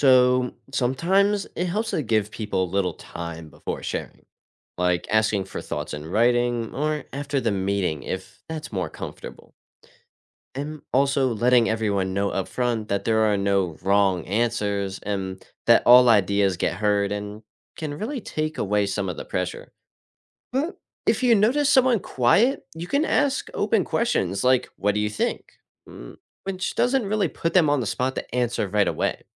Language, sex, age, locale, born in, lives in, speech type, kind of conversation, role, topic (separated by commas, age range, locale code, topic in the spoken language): English, male, 18-19, en-US, United States, United States, read, expert-assistant, expert, Why is it important to learn from failure?